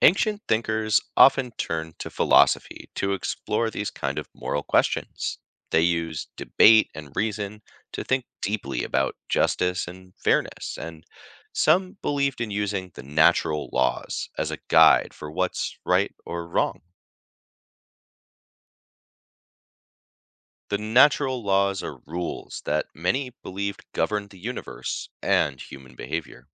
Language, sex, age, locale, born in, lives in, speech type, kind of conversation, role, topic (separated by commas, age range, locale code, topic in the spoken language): English, male, 35-39, en-US, United States, United States, read, expert-assistant, expert, How did ancient thinkers figure out what’s right or wrong?